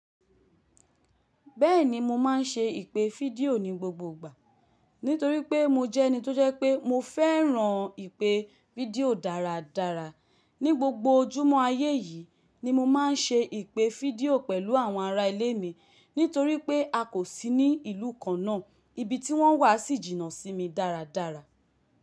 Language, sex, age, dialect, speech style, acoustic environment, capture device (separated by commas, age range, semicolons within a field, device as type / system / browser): Yoruba, female, 25-34, Nigeria - Lagos, focused, people talking, mobile / Linux / Chrome